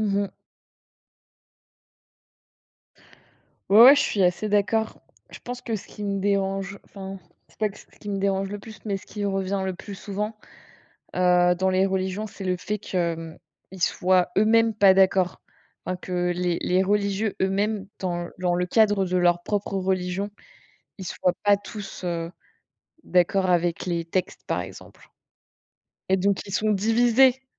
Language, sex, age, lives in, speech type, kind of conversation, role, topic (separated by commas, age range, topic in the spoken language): French, female, 25-29, France, conversation, unstructured, none, Pourquoi la religion suscite-t-elle parfois de la haine ?